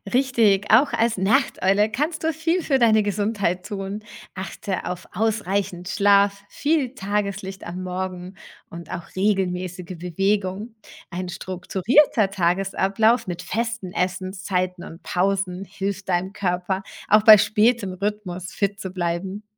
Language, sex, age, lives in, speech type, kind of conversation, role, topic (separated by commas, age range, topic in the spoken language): German, female, 40-44, Germany, read, expert-assistant, expert, Viele Quellen behaupten, dass der Schlaf vor Mitternacht besonders erholsam sei. Stimmt das tatsächlich, oder handelt es sich um einen Mythos? Woher kommt diese Aussage und was weiß die Forschung dazu?